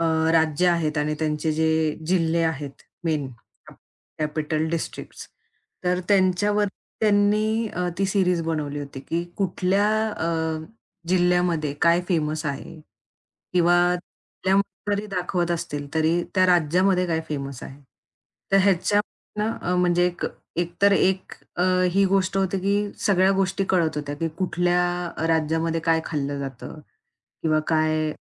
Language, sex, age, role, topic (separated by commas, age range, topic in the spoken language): Marathi, female, 25-29, guest, नवीन फ्लेवरसाठी प्रेरणा कुठून येते?